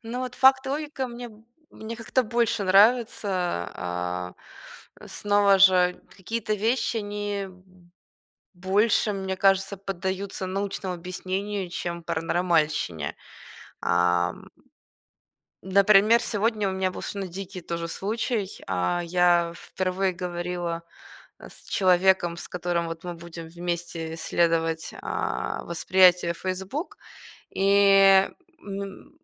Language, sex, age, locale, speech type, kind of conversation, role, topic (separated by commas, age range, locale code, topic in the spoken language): Russian, female, 25-29, ru-RU, conversation, podcast, guest, Можно ли развить интуицию как навык?